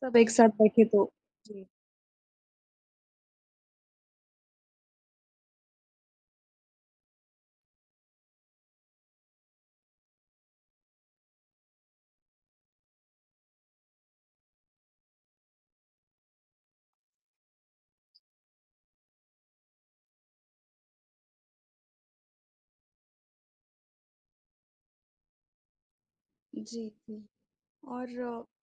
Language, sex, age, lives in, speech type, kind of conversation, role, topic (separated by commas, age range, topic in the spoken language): Hindi, female, 20-24, India, conversation, unstructured, none, क्या आपको कभी ऐसा खाना मिला है जिसने आपकी सोच बदल दी हो?